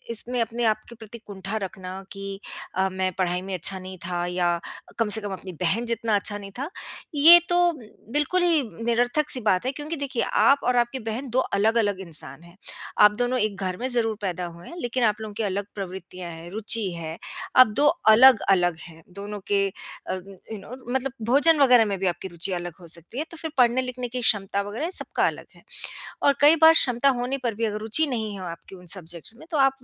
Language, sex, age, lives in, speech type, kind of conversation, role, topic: Hindi, female, 50-54, United States, conversation, advice, advisor, हम भाई-बहन की लगातार होने वाली एक-दूसरे से तुलना से कैसे निपटें?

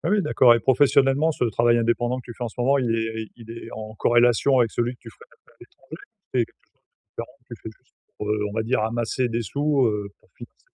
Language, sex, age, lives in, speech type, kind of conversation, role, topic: French, male, 45-49, France, conversation, advice, advisor, Comment épargner pour l’avenir tout en profitant du présent ?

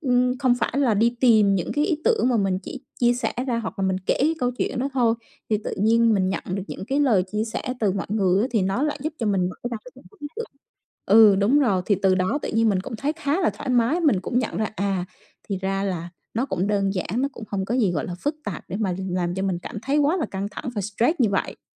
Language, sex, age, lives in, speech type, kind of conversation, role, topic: Vietnamese, female, 35-39, Vietnam, conversation, podcast, guest, Bạn làm gì để giảm căng thẳng mỗi ngày?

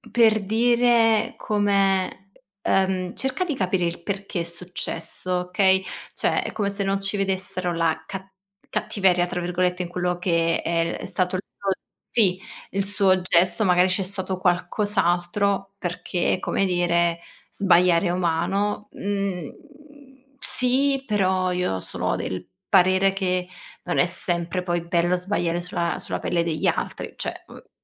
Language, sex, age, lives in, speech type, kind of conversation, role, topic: Italian, female, 25-29, Italy, conversation, advice, user, Come descriveresti la perdita di senso che provi dopo la fine di una relazione?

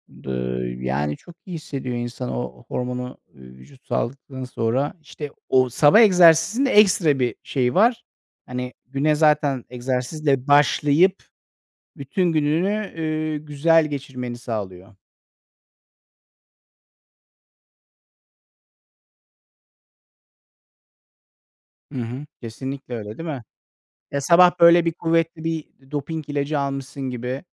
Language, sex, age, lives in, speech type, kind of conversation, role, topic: Turkish, male, 40-44, Netherlands, conversation, unstructured, none, Sağlıklı bir yaşam için egzersiz yapmamak ne gibi riskler yaratır?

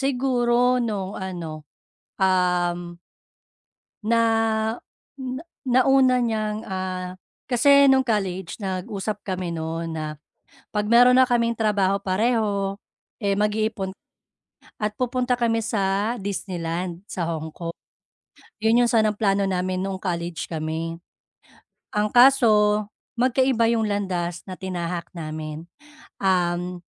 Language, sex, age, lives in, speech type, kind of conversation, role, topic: Filipino, female, 35-39, Philippines, conversation, advice, user, Bakit ako naiinggit sa mga tagumpay ng kaibigan ko?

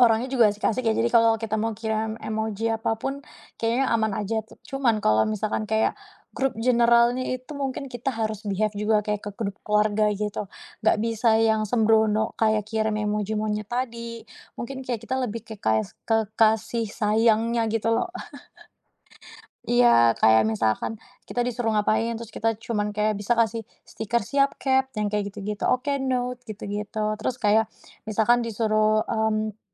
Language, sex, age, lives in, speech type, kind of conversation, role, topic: Indonesian, female, 25-29, Indonesia, conversation, podcast, guest, Seberapa sering kamu memakai emoji saat mengobrol lewat chat, dan apa alasannya?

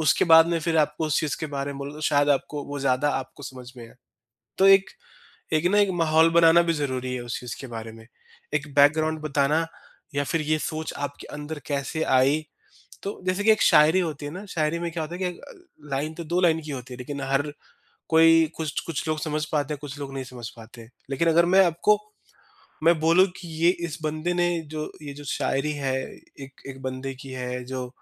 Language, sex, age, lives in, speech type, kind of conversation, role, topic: Hindi, male, 30-34, India, conversation, podcast, guest, Kya tum kuch banate waqt apni audience ko dhyaan mein rakhte ho?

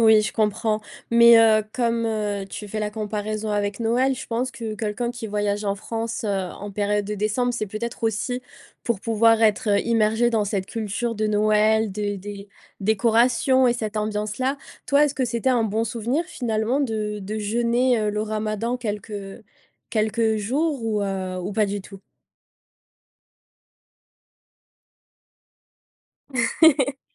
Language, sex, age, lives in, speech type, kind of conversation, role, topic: French, female, 25-29, France, conversation, podcast, host, Comment t’immerges-tu dans la culture d’un pays quand tu voyages ?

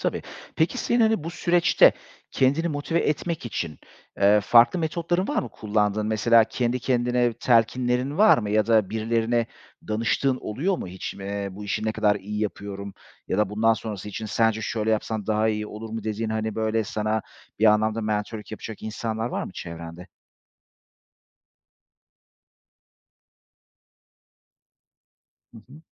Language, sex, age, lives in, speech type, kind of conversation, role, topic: Turkish, male, 35-39, Greece, conversation, podcast, host, Mükemmeliyetçilik seni durdurduğunda ne yaparsın?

